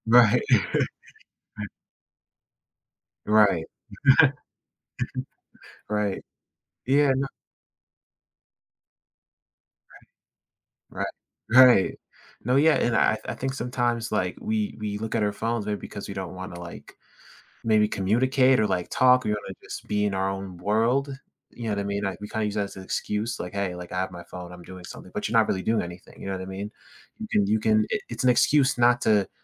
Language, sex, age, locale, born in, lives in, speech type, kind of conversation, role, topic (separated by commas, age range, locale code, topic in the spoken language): English, male, 18-19, en-US, United States, United States, conversation, podcast, host, In what ways has technology transformed our everyday interactions with others?